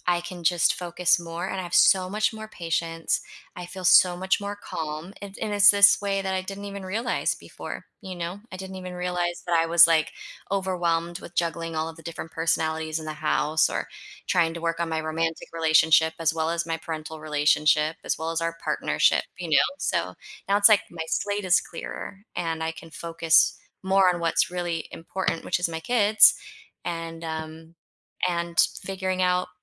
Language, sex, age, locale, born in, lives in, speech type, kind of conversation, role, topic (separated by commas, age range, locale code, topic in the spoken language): English, female, 40-44, en-US, United States, United States, conversation, unstructured, none, How can I share one thing I'm proud of about myself?